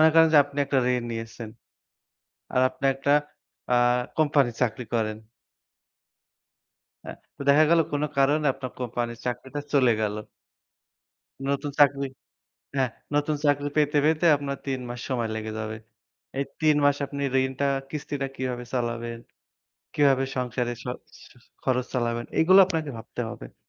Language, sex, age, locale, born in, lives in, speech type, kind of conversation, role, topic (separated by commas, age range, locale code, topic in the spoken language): Bengali, male, 25-29, bn-BD, Bangladesh, Bangladesh, conversation, unstructured, none, ঋণ নেওয়ার আগে কী কী বিষয় বিবেচনা করা উচিত?